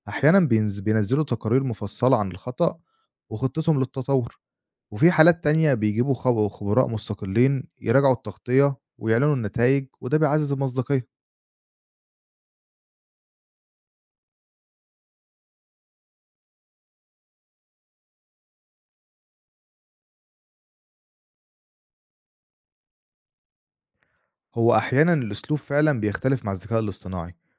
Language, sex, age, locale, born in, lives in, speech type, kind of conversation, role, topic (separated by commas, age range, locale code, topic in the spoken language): Arabic, male, 25-29, ar-EG, Egypt, Egypt, read, expert-assistant, expert, إيه الكلفة والفوايد لو المؤسسات الإعلامية في مصر بدأت تعتمد على الذكاء الاصطناعي في تغطية الأخبار؟